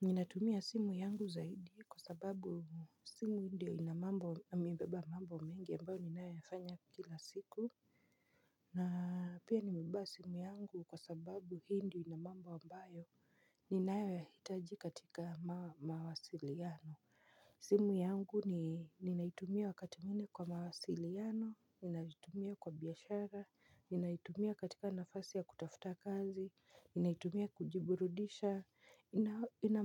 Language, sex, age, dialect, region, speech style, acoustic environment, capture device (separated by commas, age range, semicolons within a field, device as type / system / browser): Swahili, female, 25-34, Kenya - Nairobi Sheng-influenced, Kenya, excited; focused, silence, mobile / Linux / Chrome